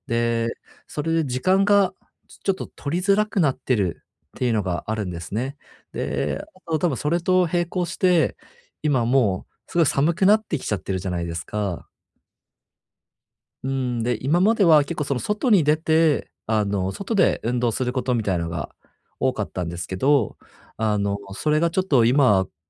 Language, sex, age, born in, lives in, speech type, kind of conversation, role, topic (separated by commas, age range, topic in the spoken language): Japanese, male, 30-34, Japan, Japan, conversation, advice, user, 日常生活に短時間の運動を習慣として取り入れるにはどうすればよいですか？